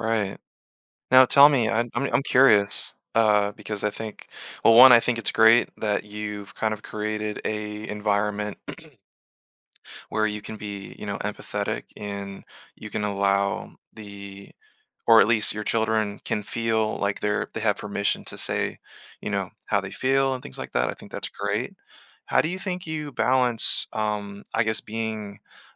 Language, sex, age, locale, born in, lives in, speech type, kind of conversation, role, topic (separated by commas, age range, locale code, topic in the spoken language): English, male, 30-34, en-US, Germany, United States, conversation, advice, advisor, How can I recognize and build on my personal growth over the years?